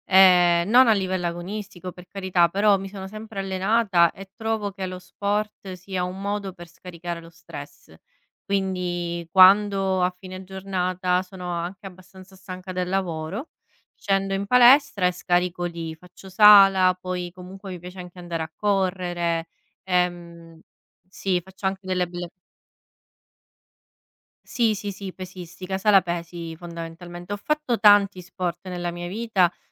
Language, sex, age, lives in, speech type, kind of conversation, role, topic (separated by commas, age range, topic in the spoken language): Italian, female, 30-34, Italy, conversation, unstructured, none, In che modo un hobby ti aiuta a superare i momenti di stress?